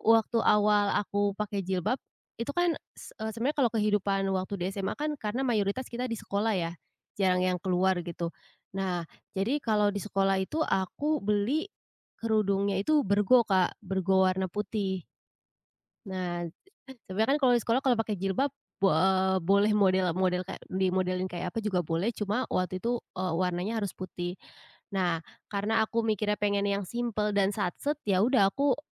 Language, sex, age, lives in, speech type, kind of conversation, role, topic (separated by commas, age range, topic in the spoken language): Indonesian, female, 25-29, Indonesia, conversation, podcast, guest, Apa yang biasanya memengaruhi perubahan gayamu?